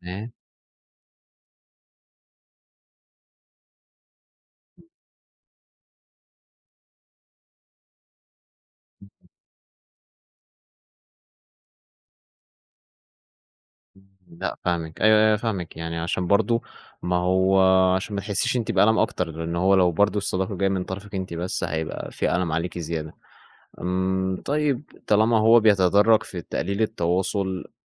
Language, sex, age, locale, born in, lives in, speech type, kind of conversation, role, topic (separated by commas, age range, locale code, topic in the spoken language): Arabic, male, 20-24, ar-EG, Egypt, Egypt, conversation, advice, advisor, إزاي أقدر أحافظ على صداقة مع شريكي/شريكتي السابق/السابقة من غير ما أتأذّى؟